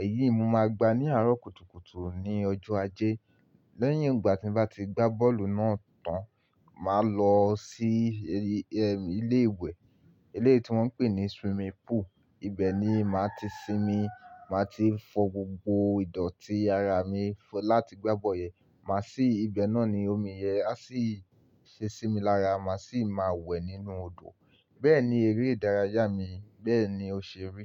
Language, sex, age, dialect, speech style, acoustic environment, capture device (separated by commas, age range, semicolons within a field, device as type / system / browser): Yoruba, male, 18-24, Nigeria - Lagos Yoruba, relaxed, silence, mobile / Linux / Chrome